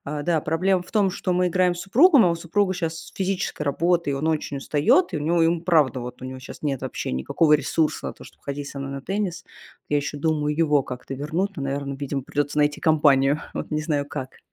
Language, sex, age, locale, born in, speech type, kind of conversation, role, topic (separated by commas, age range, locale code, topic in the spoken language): Russian, female, 35-39, ru-RU, Russia, conversation, advice, user, Почему я постоянно откладываю занятия спортом и как мне начать заниматься регулярно?